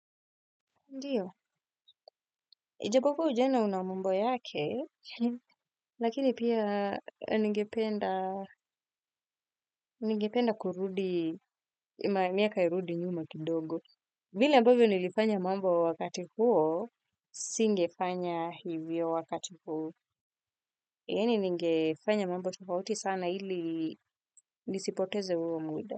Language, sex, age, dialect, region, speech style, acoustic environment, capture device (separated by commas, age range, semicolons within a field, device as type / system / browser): Swahili, female, 25-34, Kenya - Nairobi Sheng-influenced, Kenya, relaxed, silence, desktop / Windows / Firefox